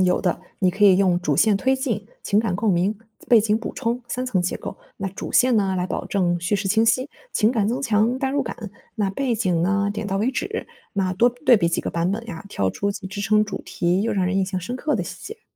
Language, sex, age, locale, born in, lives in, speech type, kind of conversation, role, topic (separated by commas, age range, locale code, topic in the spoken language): Chinese, female, 25-29, zh-CN, China, Germany, read, expert-assistant, expert, 新闻记者在日常生活中，有哪些小技巧可以帮助自己更容易发现值得报道的故事？